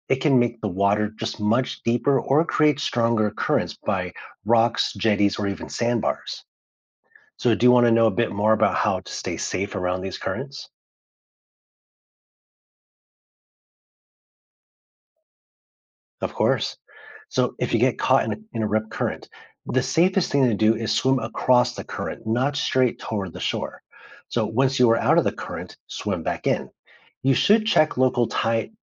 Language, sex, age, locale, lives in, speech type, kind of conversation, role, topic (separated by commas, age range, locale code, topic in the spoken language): English, male, 35-39, en-US, United States, read, expert-assistant, expert, What causes waves to form in the ocean?